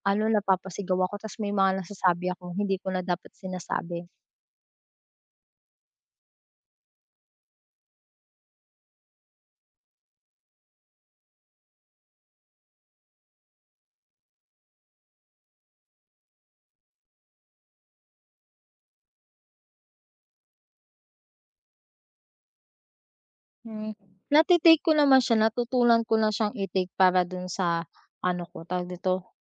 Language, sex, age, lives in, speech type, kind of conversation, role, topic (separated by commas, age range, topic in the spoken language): Filipino, female, 25-29, Philippines, conversation, advice, user, Paano ko pamamahalaan ang aking emosyon pagkatapos makatanggap ng kritisismo?